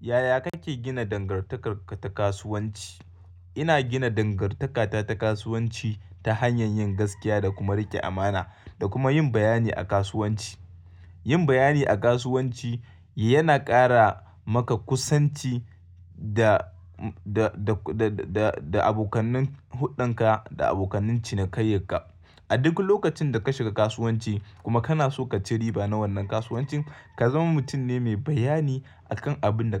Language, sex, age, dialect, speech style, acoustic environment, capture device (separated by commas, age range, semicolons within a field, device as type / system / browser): Hausa, male, 25-34, Nigeria - Kano, happy, silence, mobile / Linux / Chrome